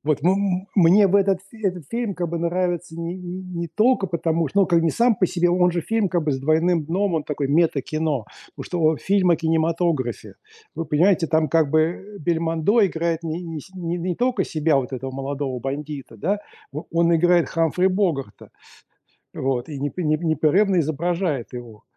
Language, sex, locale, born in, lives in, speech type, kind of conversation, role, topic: Russian, male, ru-RU, Russia, United States, conversation, unstructured, none, Какой фильм вам нравится больше всего и почему?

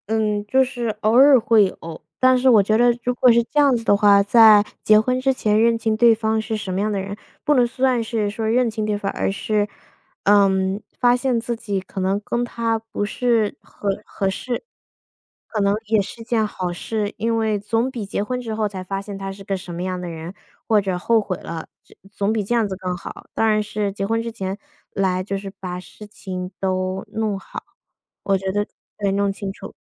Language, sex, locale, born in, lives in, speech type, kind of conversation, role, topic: Chinese, female, zh-CN, United States, United States, conversation, unstructured, none, 你觉得坚持原则更重要，还是妥协更现实？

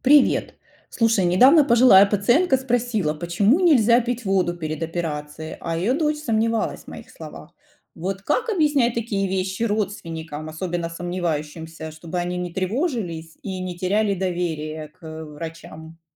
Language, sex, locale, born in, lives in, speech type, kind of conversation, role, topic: Russian, female, ru-RU, Ukraine, United States, read, expert-assistant, asker, Как медсестре находить общий язык с разными пациентами и их родственниками, чтобы избежать недопонимания?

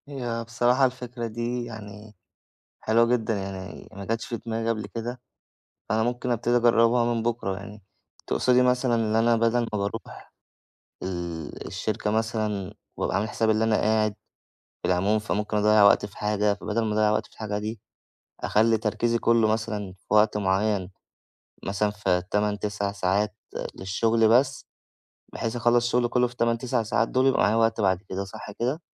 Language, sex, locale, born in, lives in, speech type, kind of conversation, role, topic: Arabic, male, ar-EG, Egypt, Egypt, conversation, advice, user, إزاي أوازن بين شغلي وحياتي الشخصية كرائد أعمال؟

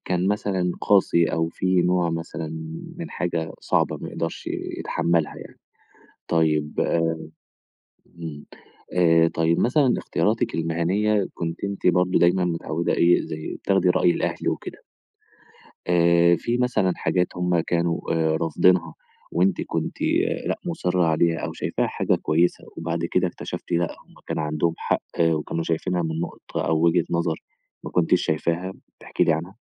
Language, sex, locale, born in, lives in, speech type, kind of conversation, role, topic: Arabic, male, ar-EG, Egypt, Egypt, conversation, podcast, host, إزاي تتفاهم مع أهلك على اختياراتك في الشغل؟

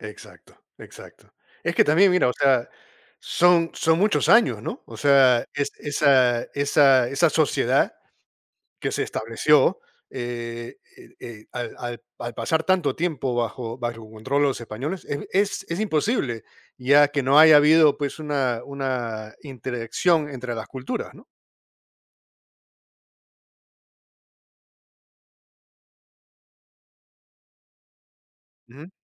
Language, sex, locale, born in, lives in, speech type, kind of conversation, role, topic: Spanish, male, es-PE, United States, United States, conversation, unstructured, none, ¿Crees que el colonialismo histórico todavía afecta a las sociedades actuales?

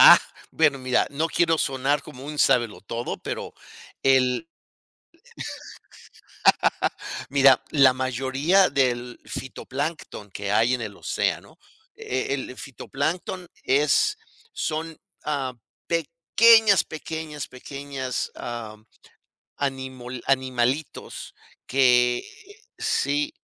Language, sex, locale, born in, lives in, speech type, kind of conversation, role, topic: Spanish, male, es-MX, Mexico, United States, conversation, unstructured, none, ¿Por qué es fundamental proteger los océanos?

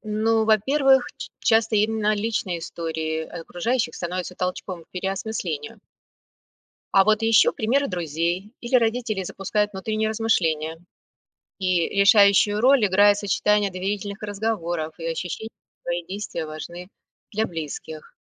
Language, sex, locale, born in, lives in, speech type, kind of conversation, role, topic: Russian, female, ru-RU, Russia, United States, read, expert-assistant, expert, Есть ли у тебя любимые места или каналы, где люди делятся историями о том, почему решают идти голосовать или нет?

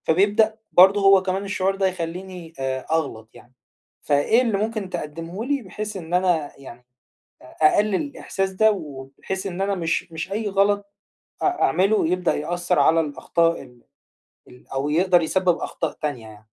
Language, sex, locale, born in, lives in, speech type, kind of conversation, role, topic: Arabic, male, ar-EG, Egypt, Egypt, conversation, advice, user, إزاي أتعلم أتقبل أخطائي بدل ما أخاف من الفشل كجزء من تقدمي؟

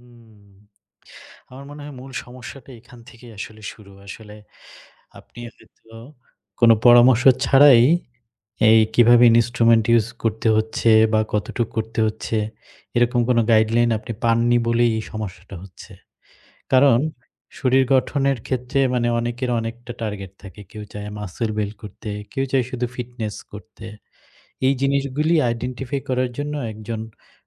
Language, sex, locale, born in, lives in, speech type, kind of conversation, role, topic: Bengali, male, bn-BD, Bangladesh, Bangladesh, conversation, advice, advisor, অতিরিক্ত ব্যায়ামের কারণে ক্লান্তি ও বারবার পেশীতে ব্যথা হলে কী করা উচিত?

